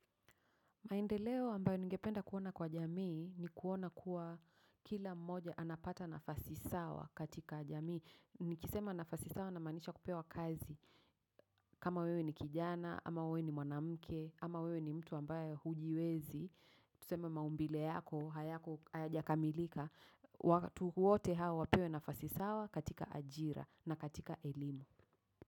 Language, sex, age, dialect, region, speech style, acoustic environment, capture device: Swahili, female, 35-44, Kenya - Nairobi Sheng-influenced, Kenya, focused, traffic; silence, mobile / macOS / Safari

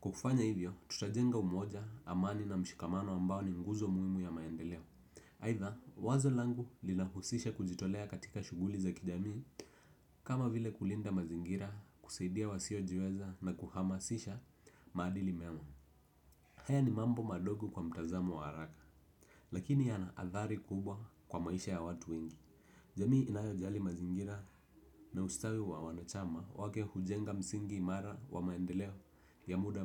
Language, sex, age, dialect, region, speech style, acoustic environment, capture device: Swahili, male, 18-24, Kenya - Nairobi Sheng-influenced, Kenya, relaxed; focused; happy, silence, desktop / Windows / Edge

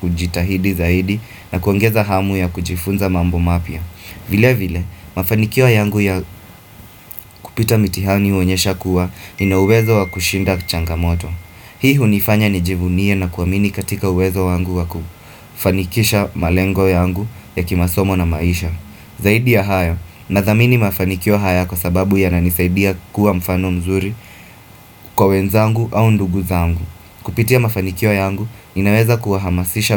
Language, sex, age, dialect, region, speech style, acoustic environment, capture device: Swahili, male, 25-34, Kenya - Mombasa, Kenya, focused; happy, appliances; nature, desktop / Windows / Firefox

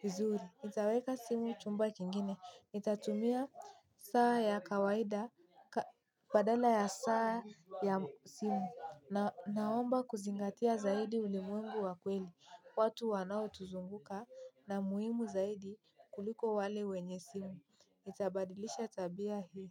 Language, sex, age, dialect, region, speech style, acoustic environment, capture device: Swahili, female, 18-24, Kenya - Mombasa, Kenya, happy; anxious, silence, mobile / Linux / Chrome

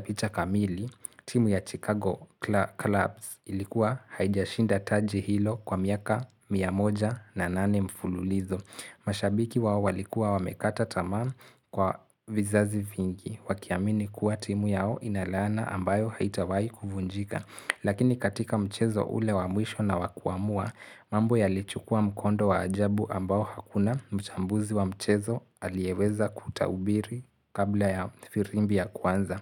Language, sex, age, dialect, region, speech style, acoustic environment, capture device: Swahili, male, 18-24, Kenya - Mombasa, Kenya, focused; relaxed, silence, mobile / Linux / Chrome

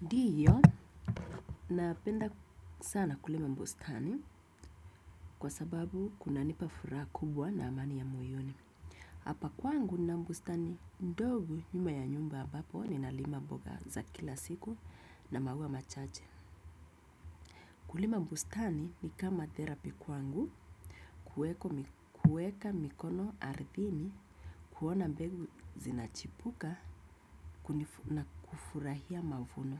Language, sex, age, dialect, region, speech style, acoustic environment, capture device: Swahili, female, 25-34, Kenya - Nairobi Sheng-influenced, Kenya, happy; relaxed; focused, people talking; music; nature; construction; appliances, desktop / Windows / Chrome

